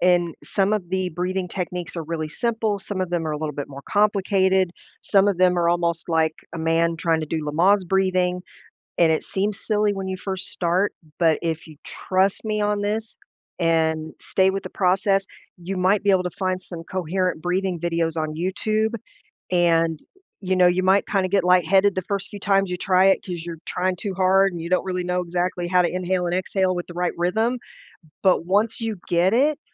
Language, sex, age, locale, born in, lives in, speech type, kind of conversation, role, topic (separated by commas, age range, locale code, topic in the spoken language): English, female, 50-54, en-US, United States, United States, conversation, advice, advisor, How can I balance my work and personal life better?